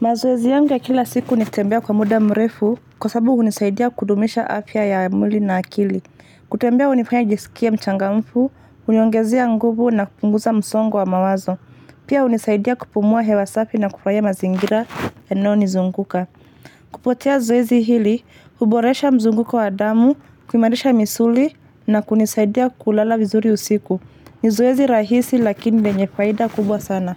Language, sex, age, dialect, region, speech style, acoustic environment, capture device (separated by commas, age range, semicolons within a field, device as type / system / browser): Swahili, female, 25-34, Kenya - Nairobi Sheng-influenced, Kenya, excited, traffic, mobile / Linux / Chrome